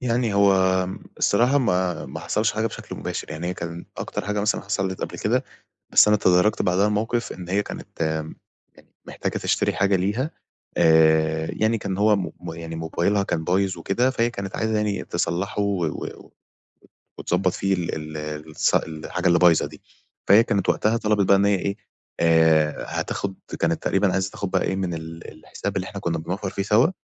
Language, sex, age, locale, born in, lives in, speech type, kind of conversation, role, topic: Arabic, male, 20-24, ar-EG, Egypt, Egypt, conversation, advice, user, إزاي أبدأ كلام عن الميزانية مع شريكي من غير إحراج؟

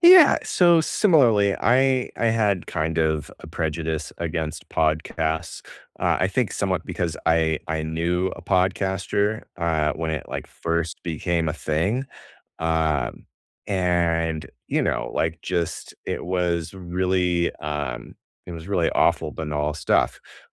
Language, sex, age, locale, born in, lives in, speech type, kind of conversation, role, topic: English, male, 35-39, en-US, United States, United States, conversation, unstructured, none, What show, book, or podcast are you most excited to recommend right now, and why does it resonate with you?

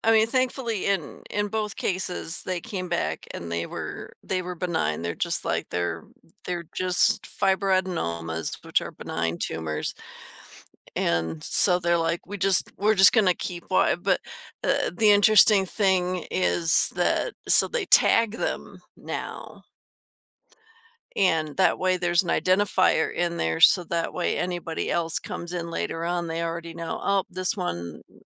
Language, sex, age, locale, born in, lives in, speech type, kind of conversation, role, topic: English, female, 50-54, en-US, United States, United States, conversation, advice, user, How should I proceed after discovering an unexpected health issue?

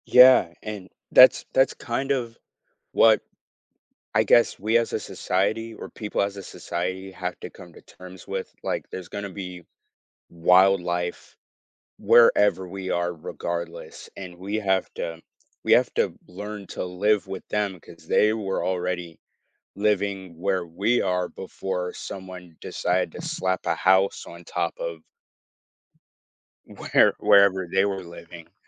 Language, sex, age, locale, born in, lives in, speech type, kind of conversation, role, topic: English, male, 18-19, en-US, United States, United States, conversation, podcast, host, How does protecting wildlife affect the health of our planet and our own lives?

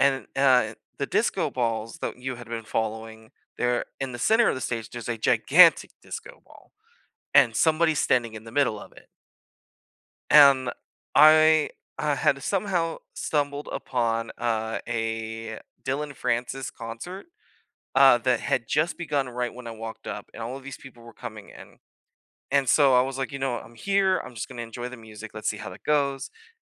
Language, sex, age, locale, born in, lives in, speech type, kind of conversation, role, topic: English, male, 25-29, en-US, United States, United States, conversation, unstructured, none, What is the best live performance you have ever attended, and why?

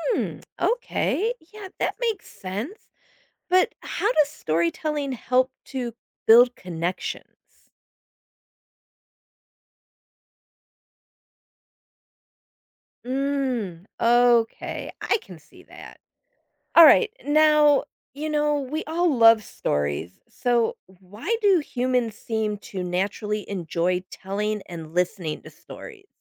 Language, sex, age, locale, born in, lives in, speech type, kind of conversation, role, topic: English, female, 50-54, en-US, United States, United States, read, expert-assistant, asker, What role does storytelling play in human history?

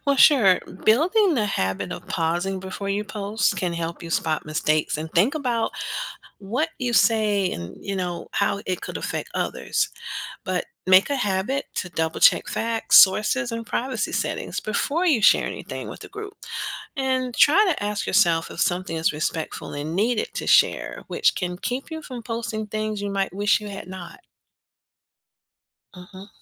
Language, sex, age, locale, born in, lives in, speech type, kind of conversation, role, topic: English, female, 50-54, en-US, United States, United States, read, expert-assistant, expert, What are the benefits of practicing good digital citizenship online?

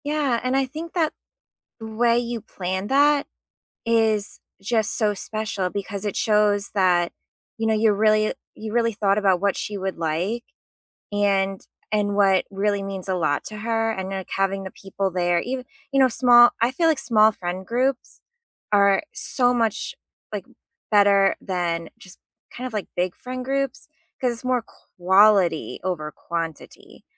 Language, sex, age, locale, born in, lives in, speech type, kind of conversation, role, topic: English, female, 35-39, en-US, United States, United States, conversation, unstructured, none, How do your love languages show up in everyday moments, and how can we support each other?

